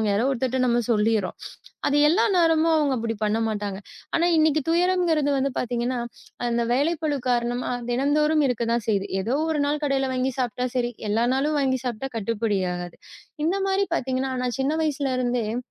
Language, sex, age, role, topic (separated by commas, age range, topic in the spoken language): Tamil, female, 30-34, guest, மனம் சோர்ந்த போது நீங்கள் ஆறுதலாக எந்த உணவை சமைப்பீர்கள்?